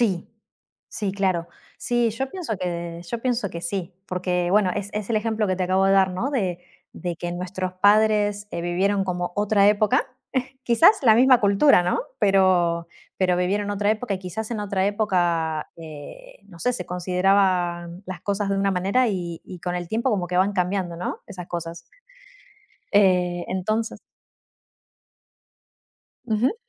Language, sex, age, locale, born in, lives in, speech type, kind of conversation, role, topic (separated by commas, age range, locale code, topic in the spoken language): Spanish, female, 35-39, es-AR, Argentina, Netherlands, conversation, unstructured, none, ¿Cómo defines lo que está bien y lo que está mal en la vida?